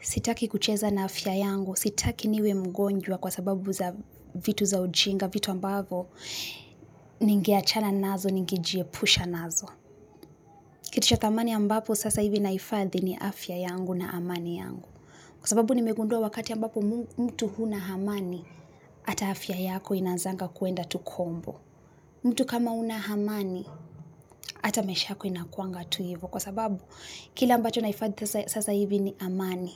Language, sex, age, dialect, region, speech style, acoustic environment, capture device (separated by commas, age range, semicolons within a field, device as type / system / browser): Swahili, female, 25-34, Kenya - Nairobi Sheng-influenced, Kenya, happy; relaxed; focused, people talking; music, mobile / Linux / Chrome